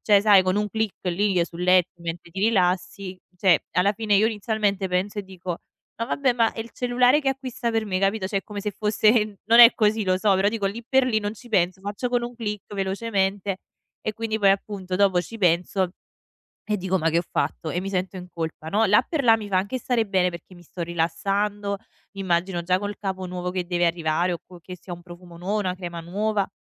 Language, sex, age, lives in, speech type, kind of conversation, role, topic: Italian, female, 25-29, Italy, conversation, advice, user, Senso di colpa dopo grandi spese non pianificate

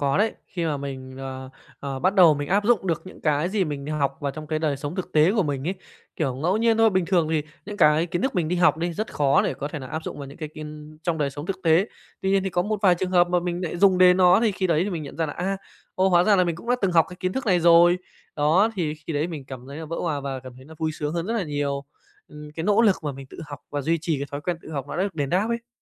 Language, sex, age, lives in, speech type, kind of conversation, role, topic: Vietnamese, male, 25-29, Japan, conversation, podcast, guest, Bạn làm thế nào để duy trì động lực tự học?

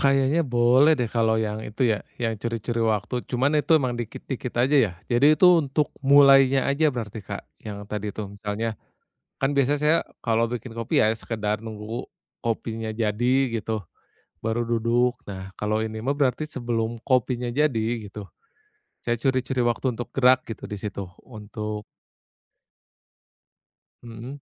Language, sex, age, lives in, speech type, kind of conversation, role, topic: Indonesian, male, 30-34, Indonesia, conversation, advice, user, Bagaimana saya bisa mulai bergerak setiap hari dan tetap konsisten saat motivasi saya rendah?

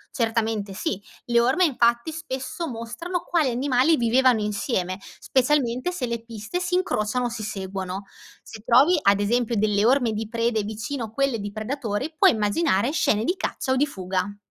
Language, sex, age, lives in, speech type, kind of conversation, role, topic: Italian, female, 25-29, Italy, read, expert-assistant, expert, Come si interpretano le orme fossili per ricostruire la storia della Terra?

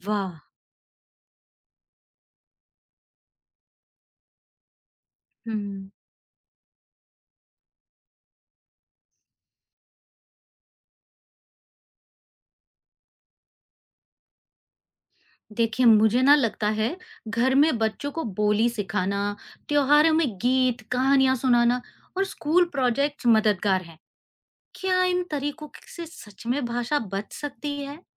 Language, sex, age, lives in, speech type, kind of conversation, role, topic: Hindi, female, 40-44, India, read, expert-assistant, asker, जब कोई दावा करता है कि भारत में सबसे ज्यादा भाषाएँ बोली जाती हैं, तो हमें कैसे पता चले कि यह दावा सही है या नहीं?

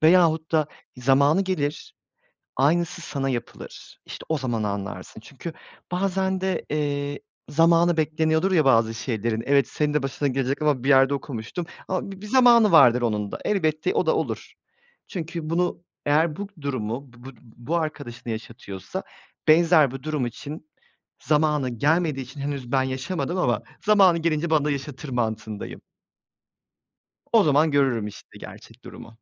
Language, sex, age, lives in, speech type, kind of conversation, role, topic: Turkish, male, 30-34, Poland, conversation, unstructured, none, Geçmişte sana yapılan bir haksızlık yüzünden hâlâ kızgın mısın?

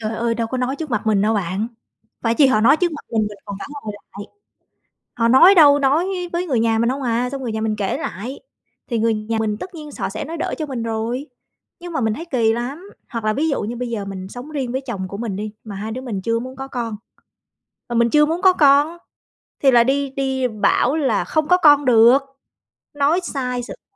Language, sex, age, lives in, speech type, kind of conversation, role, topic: Vietnamese, female, 35-39, Vietnam, conversation, advice, user, Tôi nên làm gì khi cảm thấy bị phán xét trong một nhóm xã hội và muốn được thấu hiểu?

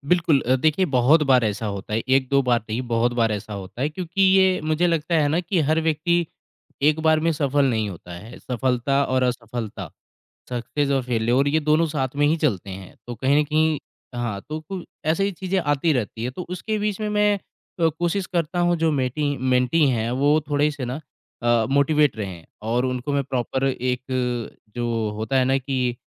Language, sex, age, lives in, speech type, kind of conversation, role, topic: Hindi, male, 25-29, India, conversation, podcast, guest, Aap apne mentees ko goals kaise set karna sikhate hain?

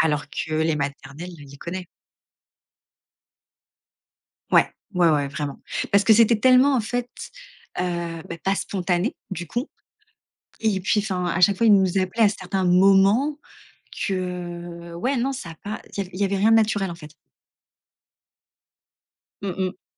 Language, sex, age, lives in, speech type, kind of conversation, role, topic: French, female, 30-34, France, conversation, podcast, guest, Quelle tradition vous réunit malgré la distance ?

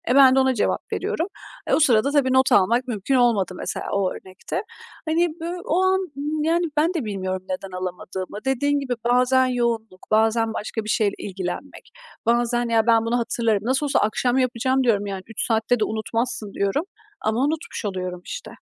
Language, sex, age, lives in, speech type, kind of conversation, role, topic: Turkish, female, 30-34, Estonia, conversation, advice, user, Fikirlerimi not almayı unutuyorum; bunu nasıl önleyebilirim?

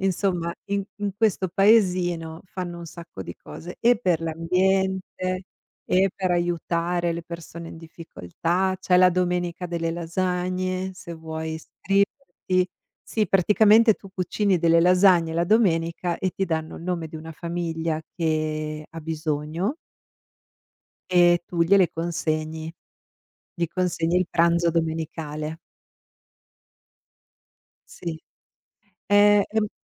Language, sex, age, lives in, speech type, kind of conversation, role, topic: Italian, female, 45-49, United States, conversation, podcast, guest, Qual è una tradizione del tuo quartiere che ami, e perché?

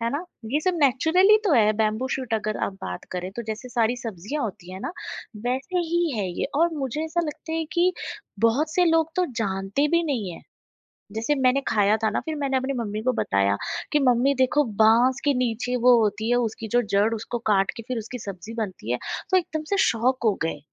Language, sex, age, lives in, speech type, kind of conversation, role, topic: Hindi, female, 35-39, India, conversation, podcast, guest, Kya aapne kabhi koi anjaan khana try kiya hai aur uska swaad aapko bilkul unexpected tarike se surprise kar gaya?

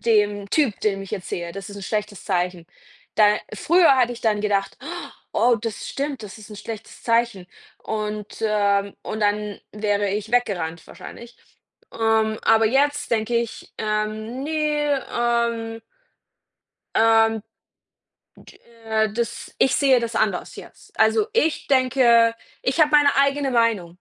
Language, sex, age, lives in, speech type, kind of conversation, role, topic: German, female, 30-34, Germany, conversation, unstructured, none, Was ist eine wichtige Lektion, die du im Leben gelernt hast?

